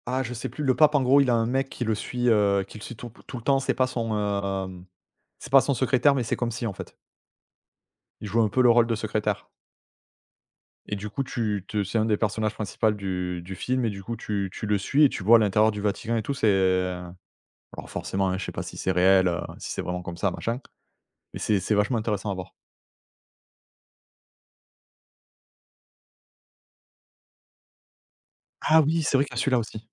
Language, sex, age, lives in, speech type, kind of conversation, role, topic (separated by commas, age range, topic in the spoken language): French, male, 35-39, France, conversation, unstructured, none, Croyez-vous que la religion divise plus qu’elle n’unit ?